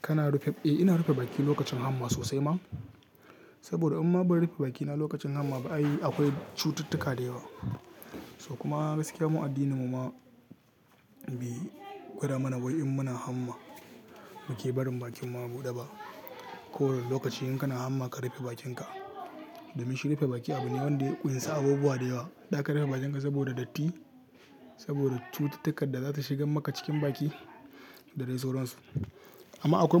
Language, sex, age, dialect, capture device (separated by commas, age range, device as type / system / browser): Hausa, male, 18-24, Nigeria - Katsina, mobile / Linux / Chrome